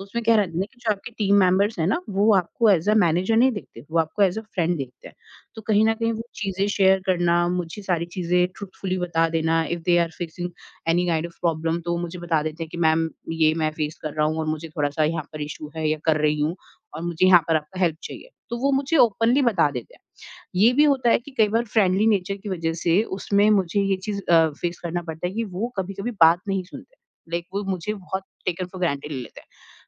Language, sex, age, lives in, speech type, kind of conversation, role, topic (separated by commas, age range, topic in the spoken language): Hindi, female, 30-34, India, conversation, podcast, guest, Remote kaam aur office culture ko tum kaise balance karte ho?